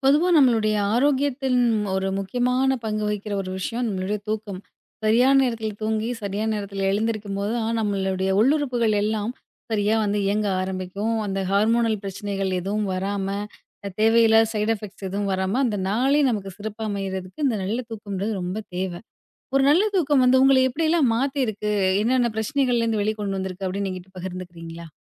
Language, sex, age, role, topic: Tamil, female, 30-34, host, ஒரு நல்ல தூக்கம் உங்களை எந்தெந்த வகைகளில் மாற்றியுள்ளது என்று சொல்ல முடியுமா?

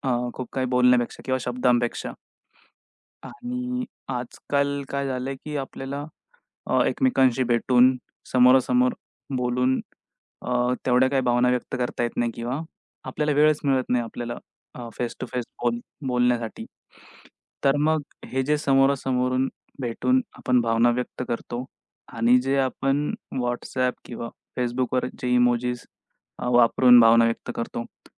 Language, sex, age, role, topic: Marathi, male, 25-29, host, तुम्ही तुमच्या भावना शब्दांत कशा व्यक्त करता?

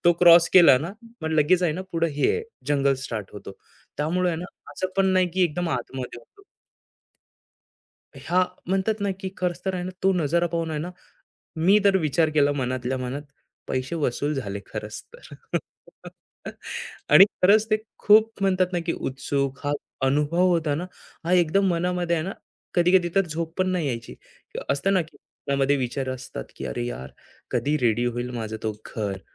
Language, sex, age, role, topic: Marathi, male, 25-29, guest, पहिलं घर घेतल्याचा अनुभव कसा होता?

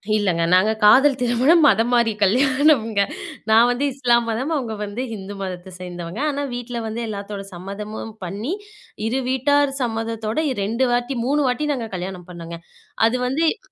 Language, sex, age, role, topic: Tamil, female, 25-29, guest, அங்கே நீங்கள் சந்தித்த ஒருவரிடமிருந்து கற்றுக்கொண்ட பழக்கம் எது?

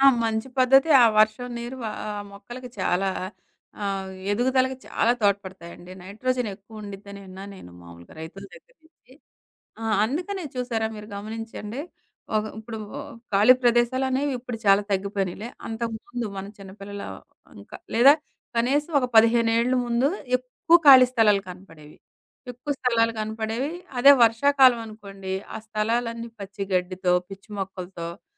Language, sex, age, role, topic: Telugu, female, 40-44, guest, వర్షపు నీటి సేకరణ పనిలో మీ అనుభవం ఏమిటి, మీరు ఏ సలహా ఇస్తారు?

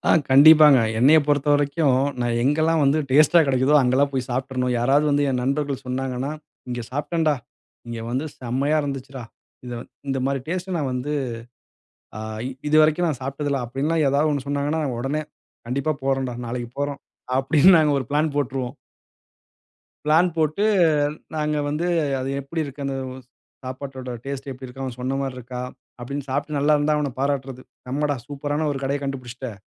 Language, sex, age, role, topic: Tamil, male, 35-39, guest, உங்கள் ஊரில் நீங்கள் சாப்பிட்டதில் மறக்க முடியாத ஒரு சுவையான உணவு அனுபவம் என்ன?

